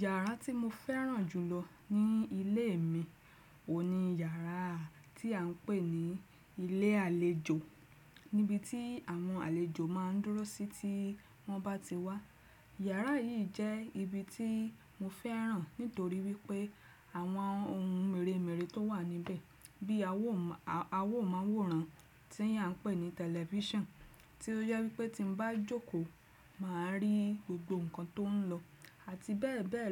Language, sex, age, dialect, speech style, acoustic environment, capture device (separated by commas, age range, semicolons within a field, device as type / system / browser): Yoruba, female, 35-44, Nigeria - Lagos Yoruba, happy; relaxed; excited; focused, nature; silence, desktop / Windows / Chrome